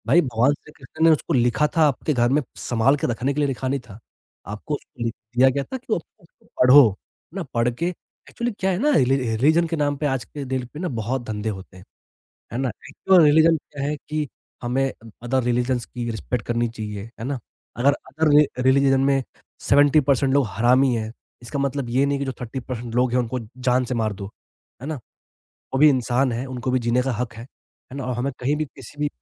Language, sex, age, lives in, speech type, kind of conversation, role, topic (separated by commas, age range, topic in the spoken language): Hindi, male, 20-24, India, conversation, podcast, guest, Safar ke dauran kisi ajnabi ne tumhari madad ki thi—kya hua tha?